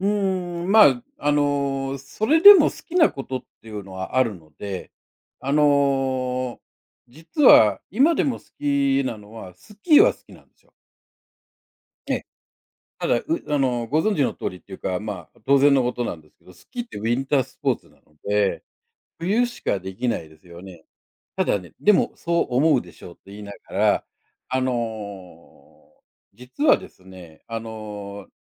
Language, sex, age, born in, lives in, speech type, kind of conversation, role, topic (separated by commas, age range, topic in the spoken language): Japanese, male, 60-64, Japan, Japan, conversation, unstructured, none, 運動を始めるきっかけは何ですか？